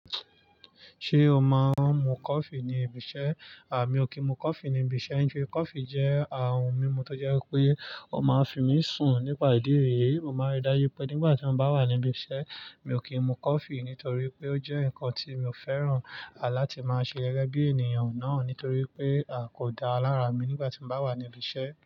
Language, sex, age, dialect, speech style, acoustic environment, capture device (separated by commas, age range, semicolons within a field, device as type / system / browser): Yoruba, male, 25-34, Nigeria - Nigerian Standard, relaxed, nature, mobile / Linux / Chrome